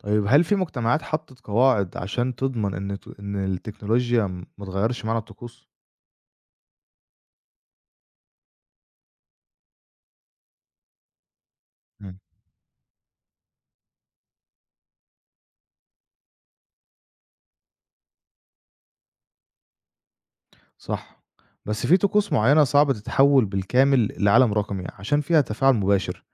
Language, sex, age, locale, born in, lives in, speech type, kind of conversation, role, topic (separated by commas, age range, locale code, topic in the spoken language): Arabic, male, 25-29, ar-EG, Egypt, Egypt, read, expert-assistant, asker, ليه في اختلافات كبيرة في طقوس العبادة بين الأديان المختلفة، وإيه تأثير العادات والتقاليد المحلية على شكل العبادة؟